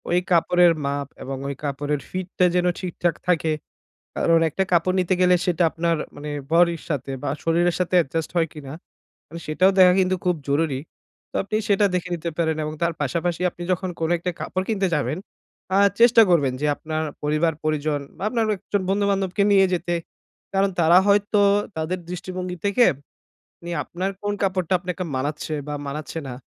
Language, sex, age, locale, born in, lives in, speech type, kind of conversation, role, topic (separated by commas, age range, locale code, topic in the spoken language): Bengali, male, 25-29, bn-BD, Bangladesh, Bangladesh, conversation, advice, advisor, আমি কীভাবে সাশ্রয়ী দামে ভালো মানের পোশাক খুঁজে পেতে পারি?